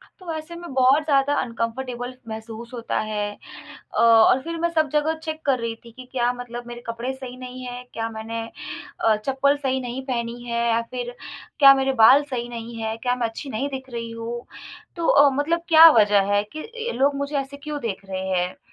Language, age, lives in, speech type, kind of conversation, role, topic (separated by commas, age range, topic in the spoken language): Hindi, 25-29, India, conversation, advice, user, भीड़ में मुझे इतनी घबराहट और असहजता क्यों होती है?